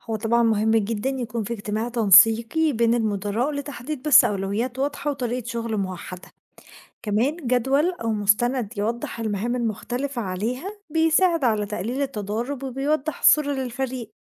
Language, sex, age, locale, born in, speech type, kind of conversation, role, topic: Arabic, female, 20-24, ar-EG, Egypt, read, expert-assistant, expert, لو عندي فريق في جهة حكومية وكل واحد عنده طريقة مختلفة في الشغل، أعمل إيه علشان أوحّد الجهود من غير مشاكل؟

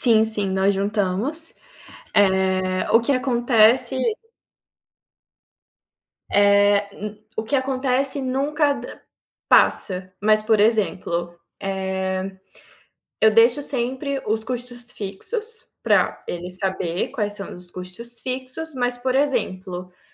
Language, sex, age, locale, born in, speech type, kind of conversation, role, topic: Portuguese, female, 25-29, pt-BR, Brazil, conversation, advice, user, Como lidar com uma briga com seu parceiro sobre prioridades financeiras e a divisão de gastos?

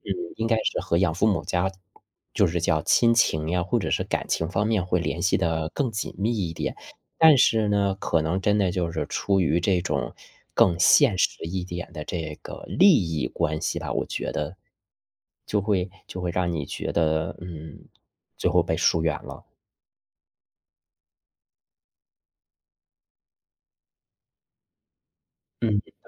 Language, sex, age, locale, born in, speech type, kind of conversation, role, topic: Chinese, male, 35-39, zh-CN, China, conversation, unstructured, none, 最近有没有哪部电视剧让你觉得很开心？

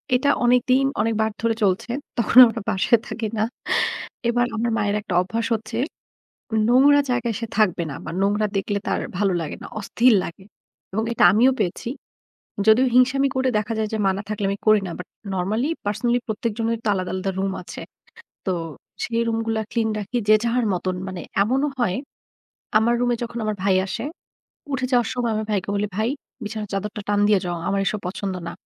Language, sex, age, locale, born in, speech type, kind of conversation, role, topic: Bengali, female, 35-39, bn-BD, Bangladesh, conversation, podcast, guest, আপনারা পরিবারের মধ্যে বাড়ির দায়িত্বগুলো কীভাবে ভাগ করে দেন?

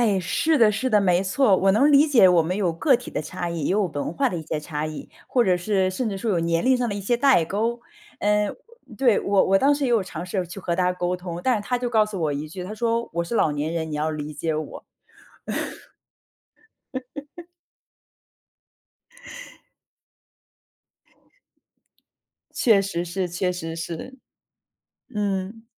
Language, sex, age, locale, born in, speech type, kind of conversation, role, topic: Chinese, female, 35-39, zh-CN, China, conversation, podcast, host, 如何在社区里传递尊重与包容？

